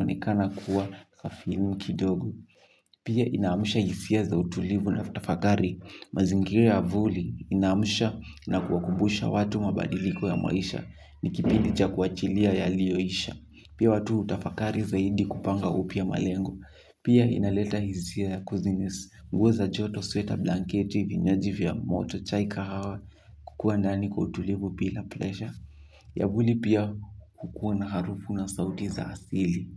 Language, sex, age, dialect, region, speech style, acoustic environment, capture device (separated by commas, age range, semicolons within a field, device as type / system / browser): Swahili, male, 18-24, Kenya - Kiambu, Kenya, anxious, silence, mobile / Linux / Chrome